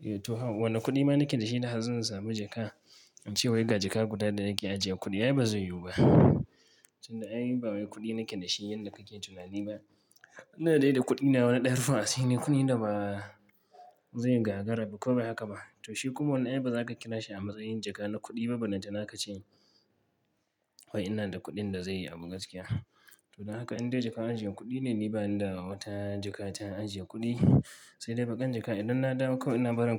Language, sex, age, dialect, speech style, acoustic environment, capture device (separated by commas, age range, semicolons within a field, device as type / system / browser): Hausa, male, 25-34, Nigeria - Kano, stressed, traffic, mobile / Linux / Chrome